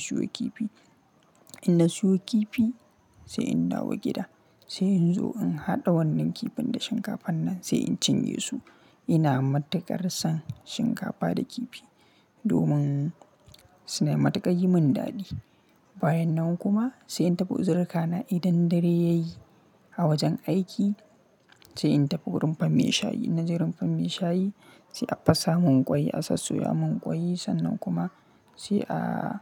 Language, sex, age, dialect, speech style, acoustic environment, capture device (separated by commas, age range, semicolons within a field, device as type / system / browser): Hausa, male, 25-34, Nigeria - Kano, happy; relaxed, silence, mobile / Linux / Chrome